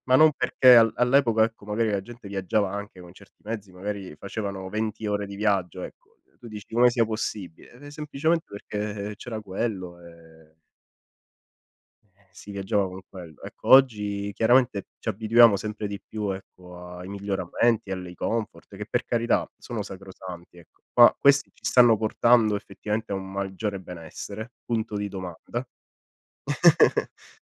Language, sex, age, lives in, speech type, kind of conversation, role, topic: Italian, male, 30-34, Italy, conversation, unstructured, none, Sceglieresti di vivere senza internet o senza televisione?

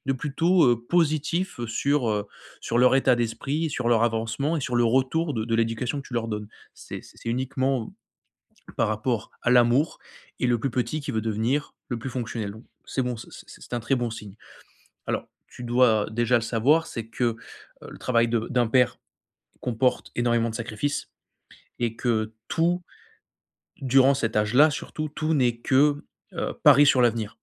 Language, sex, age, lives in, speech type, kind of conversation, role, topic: French, male, 25-29, France, conversation, advice, advisor, Comment établir des limites claires et répartir les responsabilités entre frères et sœurs ?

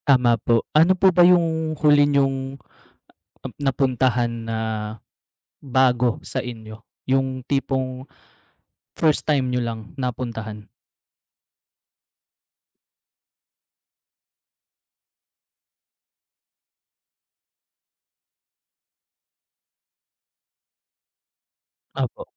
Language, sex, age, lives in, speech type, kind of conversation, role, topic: Filipino, male, 30-34, Philippines, conversation, unstructured, none, Ano ang mga sikreto mo sa paghahanap ng mga natatagong pook na pambihira sa isang bagong lugar?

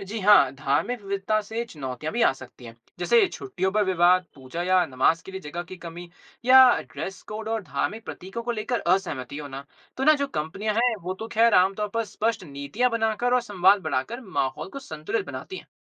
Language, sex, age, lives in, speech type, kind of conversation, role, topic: Hindi, male, 20-24, India, read, expert-assistant, expert, क्या आप समझा सकते हैं कि हिंदू धर्म, ईसाई धर्म और इस्लाम में प्रार्थना करने के तरीके कैसे अलग हैं?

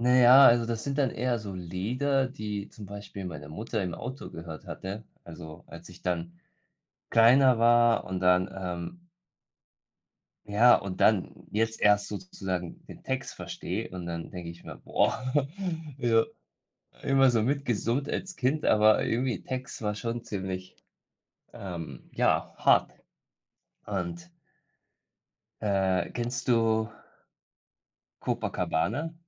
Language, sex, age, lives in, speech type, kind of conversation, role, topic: German, male, 30-34, Germany, conversation, unstructured, none, Gibt es ein Lied, das dich sofort an eine bestimmte Zeit erinnert?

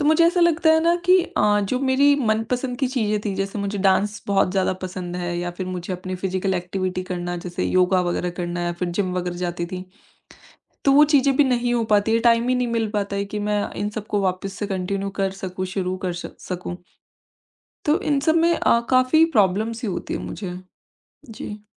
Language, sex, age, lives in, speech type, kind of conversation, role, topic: Hindi, female, 25-29, India, conversation, advice, user, बच्चे के जन्म के बाद आपकी दिनचर्या और पहचान कैसे बदली है?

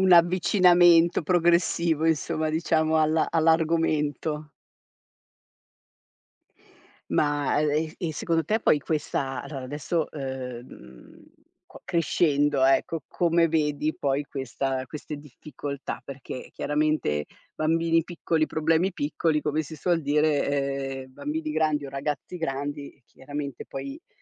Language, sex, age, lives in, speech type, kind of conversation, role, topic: Italian, female, 50-54, Italy, conversation, podcast, host, Come parli ai tuoi figli di temi difficili?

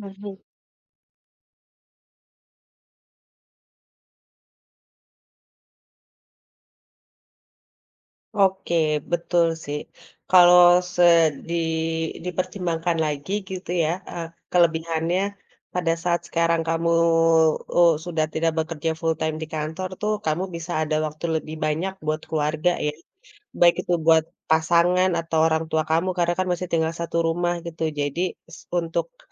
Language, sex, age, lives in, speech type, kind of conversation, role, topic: Indonesian, female, 35-39, Indonesia, conversation, podcast, host, Kapan kamu pernah mengambil keputusan yang tidak disetujui keluarga atau teman?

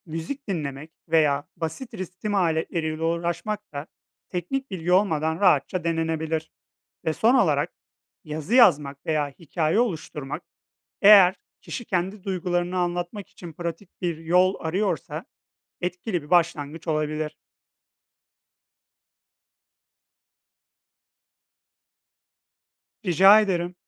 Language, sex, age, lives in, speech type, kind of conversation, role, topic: Turkish, male, 30-34, Poland, read, expert-assistant, expert, Sanatın insan yaşamındaki yeri nedir?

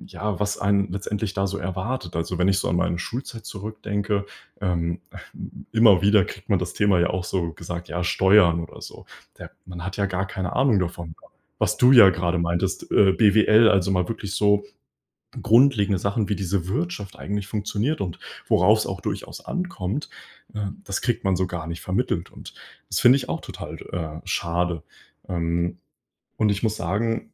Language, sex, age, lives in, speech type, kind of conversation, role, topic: German, male, 20-24, Germany, conversation, unstructured, none, Sollte die Schule stärker auf praktische Fähigkeiten setzen?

